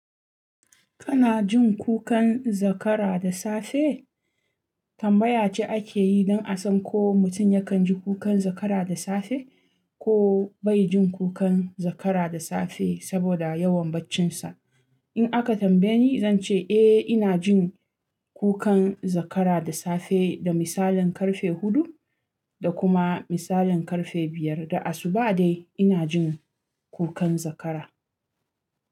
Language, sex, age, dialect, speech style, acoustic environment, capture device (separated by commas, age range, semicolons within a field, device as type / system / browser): Hausa, female, 25-34, Nigeria - Kano, relaxed, silence, mobile / Linux / Chrome